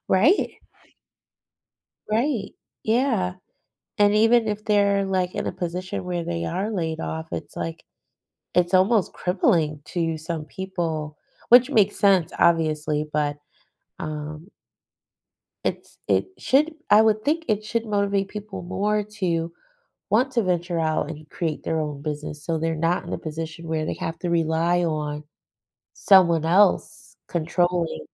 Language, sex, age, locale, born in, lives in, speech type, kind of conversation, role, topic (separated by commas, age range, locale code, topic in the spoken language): English, female, 40-44, en-US, United States, United States, conversation, advice, user, How can I decide about a major life change?